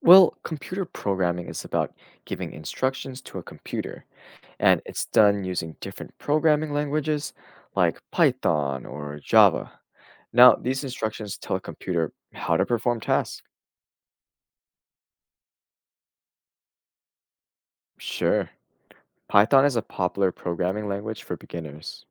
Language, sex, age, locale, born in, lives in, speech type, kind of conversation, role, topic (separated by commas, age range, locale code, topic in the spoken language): English, male, 20-24, en-US, United States, United States, read, expert-assistant, expert, What are the fundamentals of computer programming?